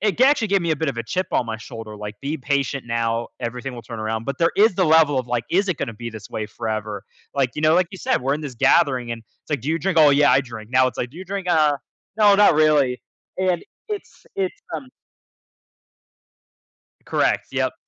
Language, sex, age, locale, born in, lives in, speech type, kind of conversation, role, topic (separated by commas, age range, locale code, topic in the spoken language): English, male, 20-24, en-US, United States, United States, conversation, podcast, guest, How do your personal values shape the decisions you make in life?